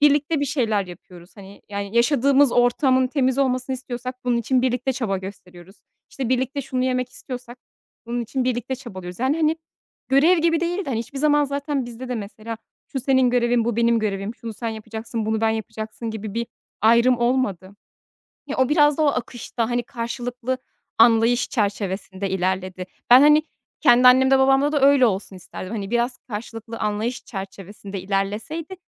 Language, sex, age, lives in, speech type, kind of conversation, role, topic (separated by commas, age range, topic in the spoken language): Turkish, female, 30-34, Netherlands, conversation, podcast, guest, Evlilik ve ilişkilerde kuşakların beklentileri nasıl değişti?